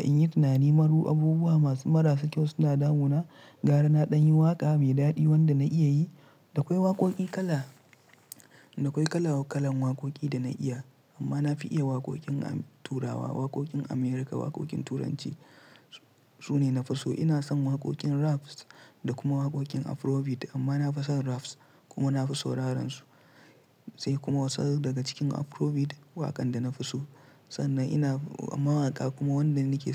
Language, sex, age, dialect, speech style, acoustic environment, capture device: Hausa, male, 25-34, Nigeria - Kano, relaxed; tired; stressed; focused, silence; nature, mobile / Linux / Chrome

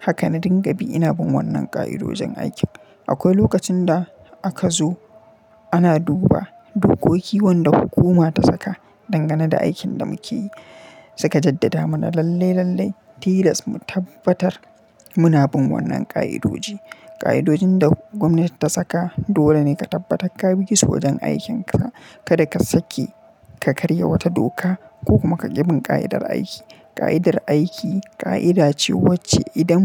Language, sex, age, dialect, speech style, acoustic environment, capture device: Hausa, male, 25-34, Nigeria - Kano, happy; relaxed, silence, mobile / Linux / Chrome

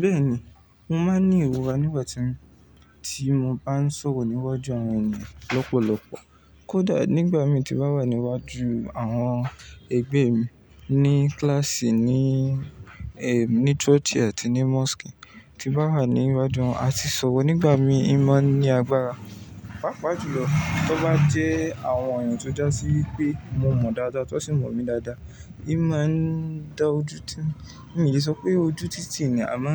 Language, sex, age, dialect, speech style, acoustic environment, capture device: Yoruba, male, 18-24, Nigeria - Ibadan, relaxed, people talking; nature, mobile / Linux / Chrome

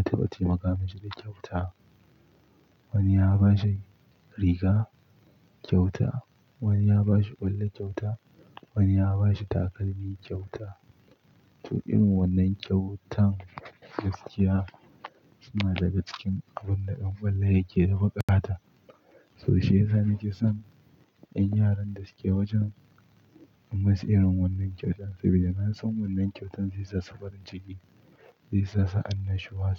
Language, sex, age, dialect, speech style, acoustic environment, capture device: Hausa, male, 18-24, Nigeria - Pidgin-influenced, happy; relaxed; excited, silence, mobile / Linux / Chrome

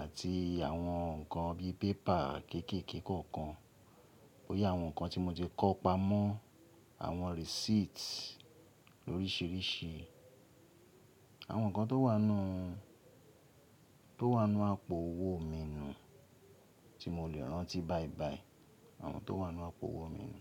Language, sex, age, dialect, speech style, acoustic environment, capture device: Yoruba, male, 35-44, Nigeria - Ibadan, relaxed; happy, people talking; nature; appliances, mobile / Linux / Chrome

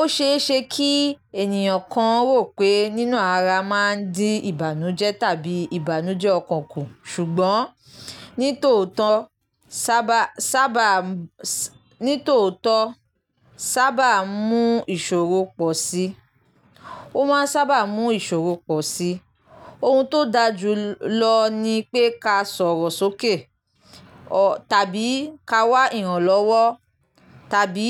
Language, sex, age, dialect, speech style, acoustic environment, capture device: Yoruba, female, 25-34, Nigeria - Lagos Yoruba, happy, nature, mobile / Linux / Chrome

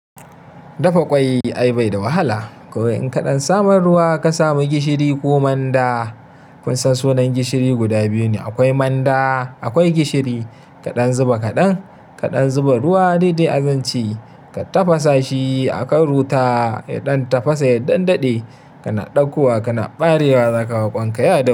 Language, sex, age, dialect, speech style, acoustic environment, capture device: Hausa, male, 25-34, Nigeria - Kano, happy, nature; silence, mobile / Linux / Chrome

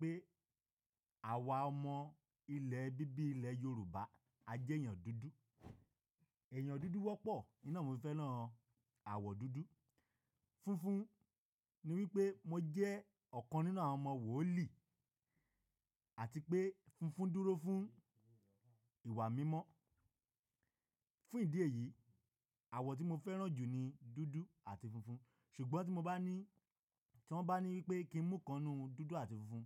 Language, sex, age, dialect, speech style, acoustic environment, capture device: Yoruba, male, 25-34, Nigeria - Nigerian Standard, happy, silence; nature, mobile / Linux / Chrome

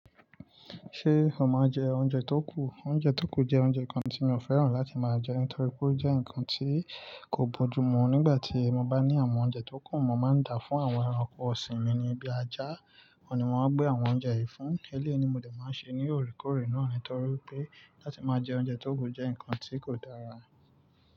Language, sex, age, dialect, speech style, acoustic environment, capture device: Yoruba, male, 25-34, Nigeria - Lagos Yoruba, relaxed, nature, mobile / Linux / Chrome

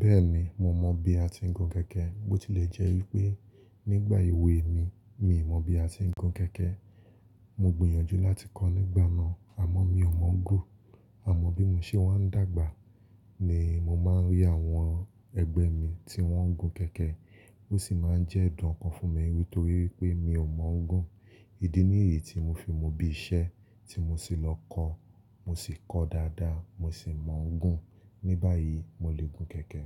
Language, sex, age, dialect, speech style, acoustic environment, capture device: Yoruba, male, 25-34, Nigeria - Lagos Yoruba, relaxed, nature, mobile / Linux / Chrome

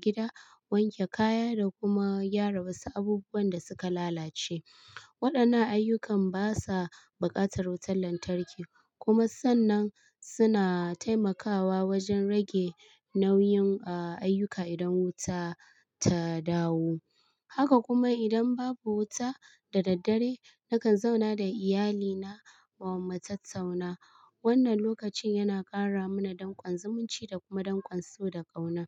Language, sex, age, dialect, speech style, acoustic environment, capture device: Hausa, female, 25-34, Nigeria - Kano, happy; tired; nervous; stressed, people talking; appliances; traffic; music, mobile / Linux / Chrome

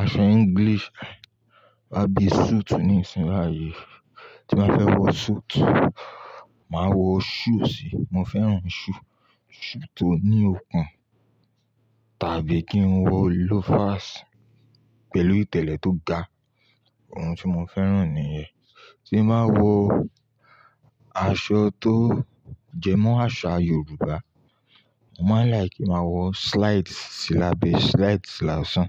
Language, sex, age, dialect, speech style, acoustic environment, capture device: Yoruba, male, 25-34, Nigeria - Ibadan, happy; relaxed, nature, mobile / Linux / Chrome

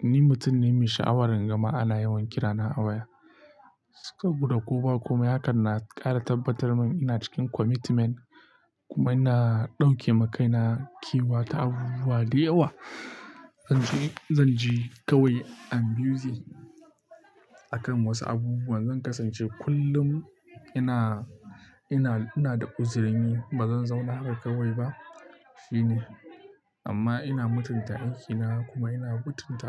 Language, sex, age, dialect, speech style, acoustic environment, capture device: Hausa, male, 25-34, Nigeria - Nigerian Standard, happy, silence, mobile / Linux / Chrome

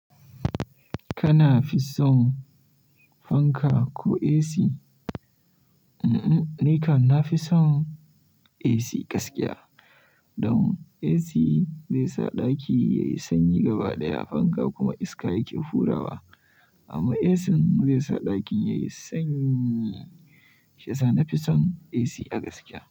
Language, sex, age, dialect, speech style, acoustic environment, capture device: Hausa, male, 18-24, Nigeria - Kano, happy; excited, people talking; silence, mobile / Linux / Chrome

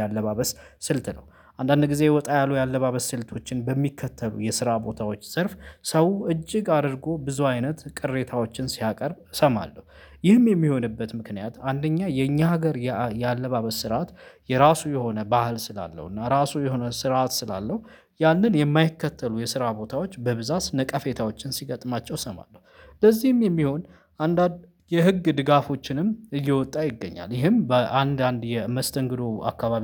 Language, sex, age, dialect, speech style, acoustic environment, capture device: Amharic, male, 25-34, Ethiopia - Addis Ababa, focused, nature, mobile / Linux / Chrome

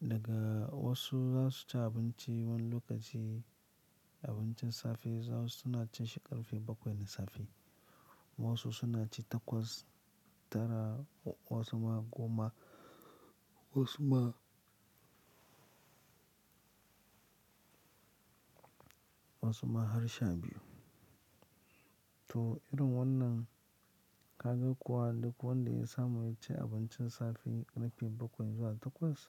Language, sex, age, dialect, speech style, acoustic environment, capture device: Hausa, male, 25-34, Nigeria - Lagos Yoruba, happy; excited, people talking; traffic, mobile / Linux / Chrome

